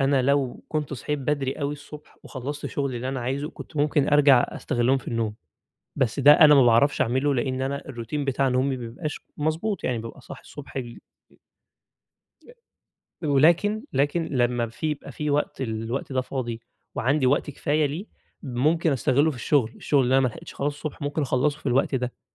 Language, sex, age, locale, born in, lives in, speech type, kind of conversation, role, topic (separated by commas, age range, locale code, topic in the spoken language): Arabic, male, 20-24, ar-EG, Egypt, Egypt, conversation, advice, user, إزاي أقدر أبني روتين يومي يساعدني أتعامل مع إحساس عدم اليقين بسبب أخبار العالم؟